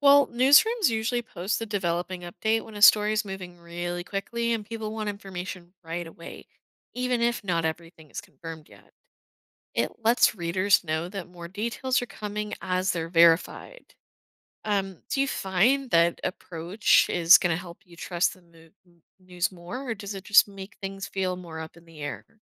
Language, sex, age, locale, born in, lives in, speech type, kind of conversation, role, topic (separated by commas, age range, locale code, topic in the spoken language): English, female, 20-24, en-US, United States, United States, read, expert-assistant, expert, What is journalistic integrity and why is it important?